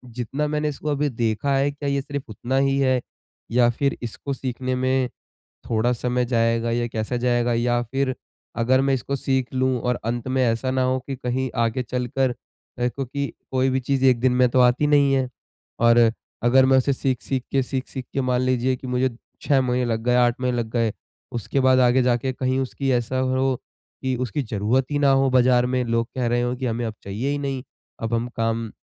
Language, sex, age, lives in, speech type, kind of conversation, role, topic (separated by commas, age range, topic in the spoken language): Hindi, male, 20-24, India, conversation, advice, user, नए कौशल सीखकर मैं अपना करियर कैसे बदल सकता हूँ?